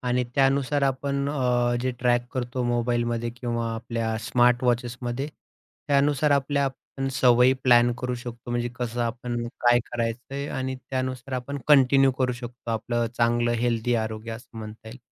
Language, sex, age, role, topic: Marathi, male, 30-34, host, आरोग्यासाठी तुम्ही तात्पुरते बदल कराल की दीर्घकालीन सवयी स्वीकाराल?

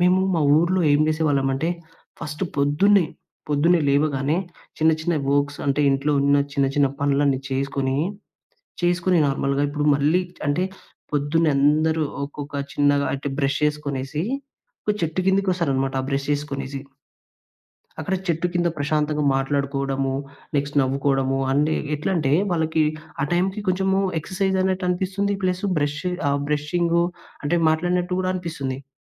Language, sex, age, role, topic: Telugu, male, 20-24, guest, తరువాతి తరం కోసం తప్పక చెప్పాల్సిన అత్యంత ముఖ్యమైన కథ ఏది?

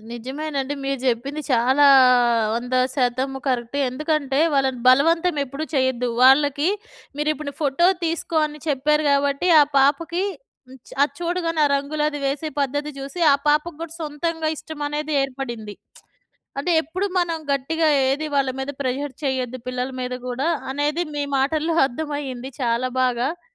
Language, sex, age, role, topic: Telugu, female, 40-44, host, ఆధునిక జీవనంలో సంప్రదాయాన్ని సహజంగా ఎలా కలపగలరు?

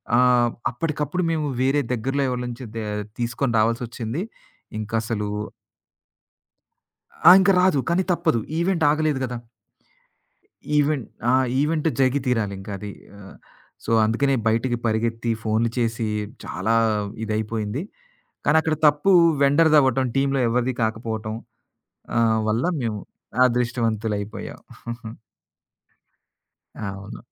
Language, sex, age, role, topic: Telugu, male, 40-44, guest, తప్పు జరిగితే క్షమాపణ ఎలా చెప్పాలి?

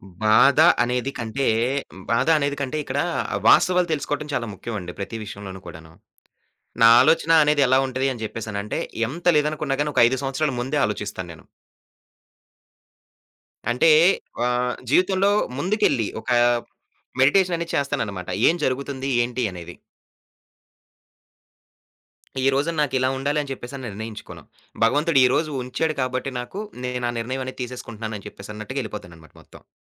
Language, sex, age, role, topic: Telugu, male, 25-29, guest, మీరు మీ భావాలను ఎలా వ్యక్తం చేస్తారు?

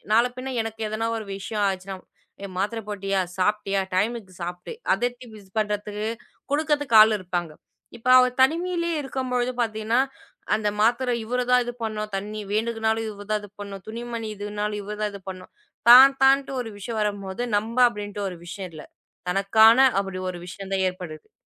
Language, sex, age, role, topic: Tamil, female, 20-24, guest, தனிமையை நீங்கள் எப்படி சமாளிக்கிறீர்கள்?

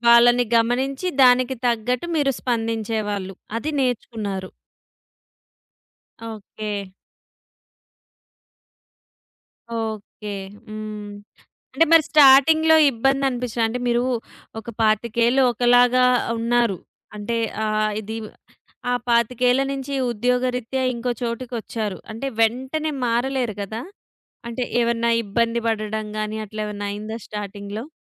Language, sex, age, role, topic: Telugu, female, 30-34, host, భయాన్ని ఎదుర్కొంటూ మీరు ఎలా ఎదిగారు?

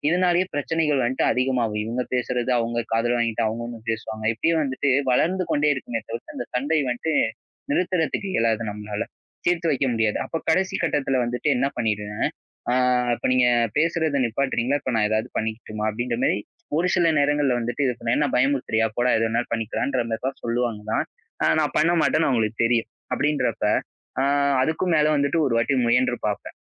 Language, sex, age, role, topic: Tamil, male, 20-24, guest, சண்டைகளை அமைதியாகத் தீர்க்க நீங்கள் என்ன செய்கிறீர்கள்?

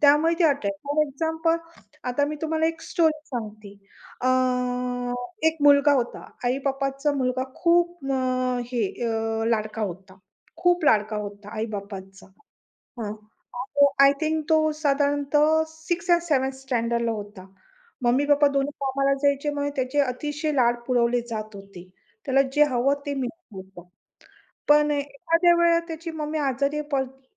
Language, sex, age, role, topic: Marathi, female, 45-49, guest, श्रोत्यांशी ताबडतोब नाते कसे तयार करता?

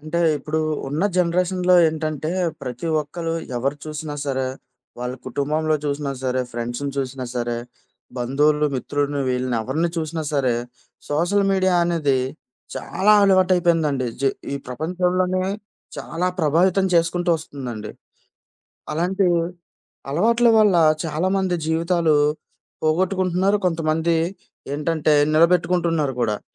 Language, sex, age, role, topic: Telugu, male, 25-29, host, సోషల్ మీడియా అలవాట్లు కుటుంబ జీవితం మీద ఎలా ప్రభావం చూపుతాయి?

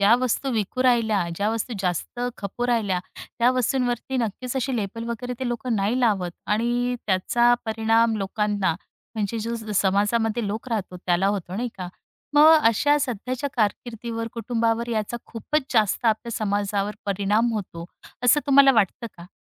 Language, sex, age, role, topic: Marathi, female, 35-39, host, पैशाच्या बदल्यात प्रमोशन करणे नैतिकदृष्ट्या योग्य आहे का?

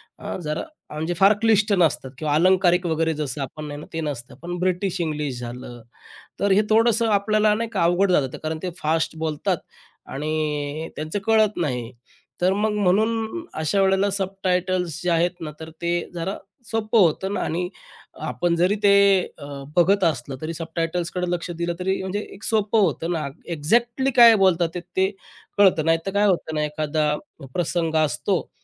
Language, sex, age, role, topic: Marathi, male, 35-39, guest, सबटायटल्स व डबिंगचा प्रभाव प्रेक्षकांवर कसा पडतो?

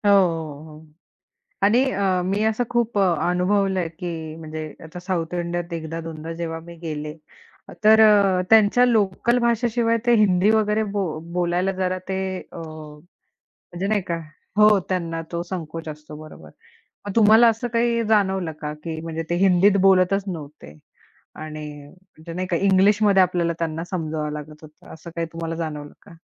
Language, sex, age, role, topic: Marathi, female, 25-29, host, तुम्ही केलेल्या एकट्या प्रवासांपैकी सर्वात सुंदर प्रवास कुठे झाला होता?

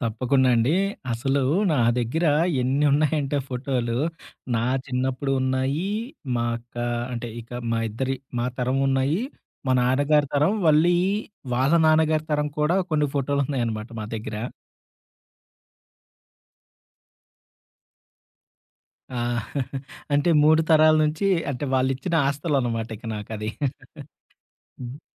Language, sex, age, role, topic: Telugu, male, 30-34, guest, పాత ఫోటో చూసి గుర్తొచ్చిన ఒక కథను చెప్పగలరా?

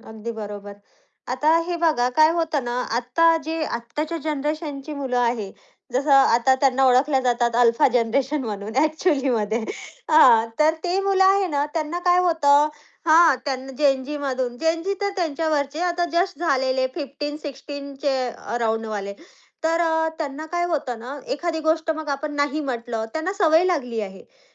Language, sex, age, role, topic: Marathi, female, 30-34, host, अपयशानंतर पुन्हा प्रयत्न करण्याची प्रेरणा तुला कशी मिळते?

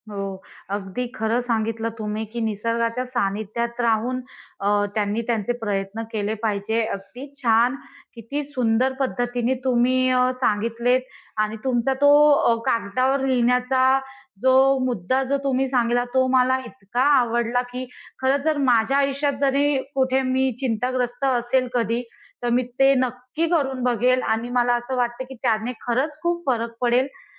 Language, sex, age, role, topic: Marathi, female, 30-34, host, दैनंदिन चिंता कमी करण्यासाठी तुमचे कोणते सोपे उपाय आहेत?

